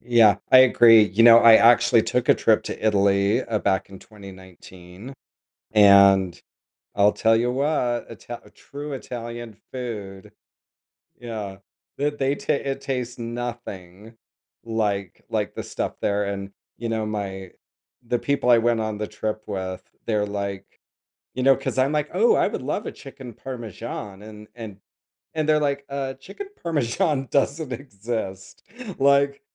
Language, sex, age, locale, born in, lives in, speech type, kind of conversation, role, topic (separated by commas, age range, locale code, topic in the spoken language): English, male, 50-54, en-US, United States, United States, conversation, podcast, host, How does sharing traditional foods help you connect with your heritage and others?